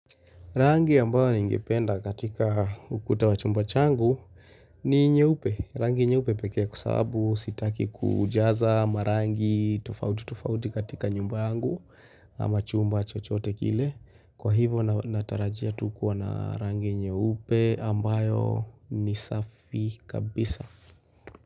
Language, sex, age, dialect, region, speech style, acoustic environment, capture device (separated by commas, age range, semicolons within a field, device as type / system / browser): Swahili, male, 25-34, Kenya - Nairobi Sheng-influenced, Kenya, relaxed; focused; excited; nervous, silence, mobile / Linux / Chrome